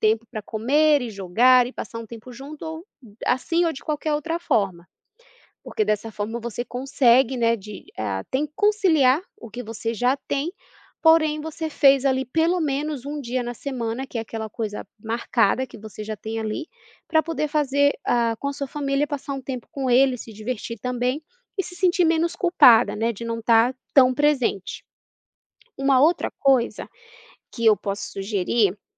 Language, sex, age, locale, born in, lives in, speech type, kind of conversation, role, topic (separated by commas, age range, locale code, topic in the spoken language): Portuguese, female, 30-34, pt-BR, Brazil, United States, conversation, advice, advisor, Como posso encontrar tempo para práticas criativas entre o trabalho e as responsabilidades familiares?